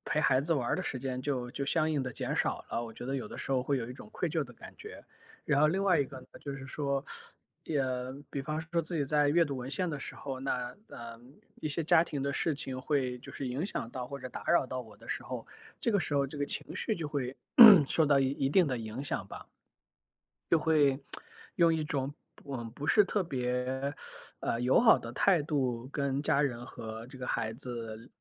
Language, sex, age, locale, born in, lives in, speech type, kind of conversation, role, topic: Chinese, male, 35-39, zh-CN, China, United States, conversation, advice, user, 我怎样才能在工作和生活之间划清界限，不让工作占据我的私人时间？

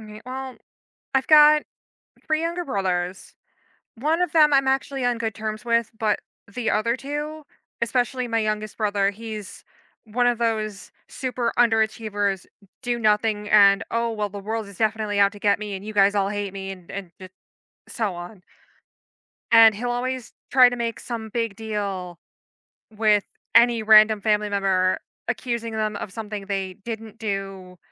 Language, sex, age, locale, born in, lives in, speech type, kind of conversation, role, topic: English, female, 30-34, en-US, United States, United States, conversation, advice, user, How do I keep my composure and enjoy family gatherings when conversations become tense?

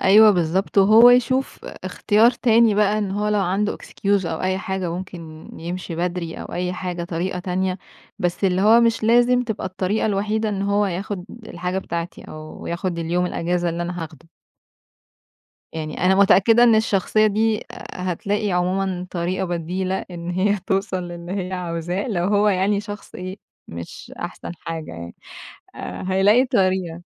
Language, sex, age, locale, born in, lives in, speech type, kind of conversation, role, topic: Arabic, female, 20-24, ar-EG, Egypt, Egypt, conversation, advice, advisor, إزاي أقدر أقول لا لزمايلي من غير ما أحس بالذنب؟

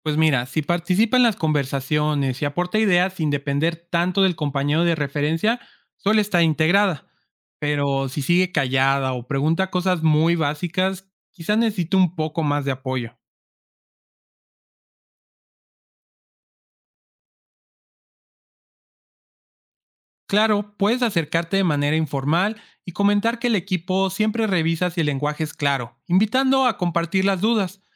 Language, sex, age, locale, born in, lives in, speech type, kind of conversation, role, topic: Spanish, male, 25-29, es-MX, Mexico, Mexico, read, expert-assistant, expert, ¿Cómo puedo adaptar mi forma de hablar para comunicarme mejor con personas de diferentes regiones o generaciones?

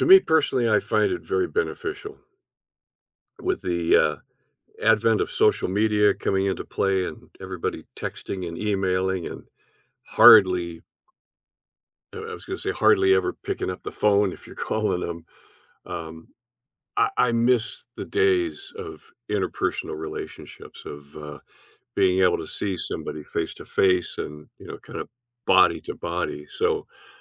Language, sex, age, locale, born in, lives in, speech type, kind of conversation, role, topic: English, male, 70-74, en-US, Canada, United States, conversation, podcast, guest, How does nonverbal communication shape our interactions and understanding of others?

